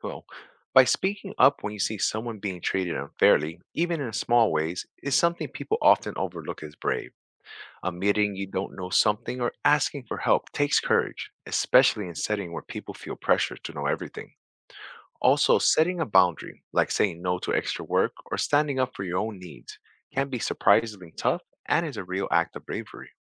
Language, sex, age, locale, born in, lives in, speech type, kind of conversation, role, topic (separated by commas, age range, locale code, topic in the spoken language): English, male, 40-44, en-US, United States, United States, read, expert-assistant, expert, How can small acts of courage make a big difference?